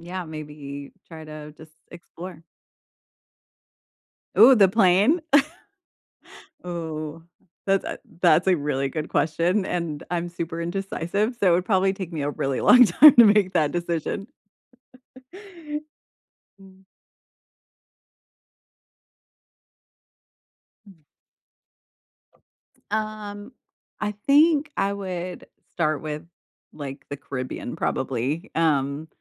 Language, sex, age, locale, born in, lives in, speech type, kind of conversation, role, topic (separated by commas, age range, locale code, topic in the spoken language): English, female, 40-44, en-US, United States, United States, conversation, unstructured, none, What hobby would you try if you had unlimited time and money?